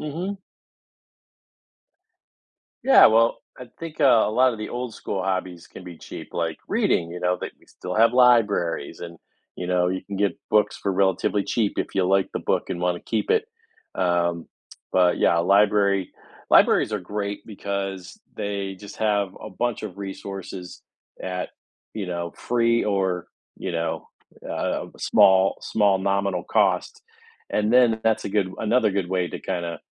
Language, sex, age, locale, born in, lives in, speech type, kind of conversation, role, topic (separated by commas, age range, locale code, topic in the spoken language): English, male, 50-54, en-US, United States, United States, conversation, podcast, guest, How can someone start a new hobby cheaply?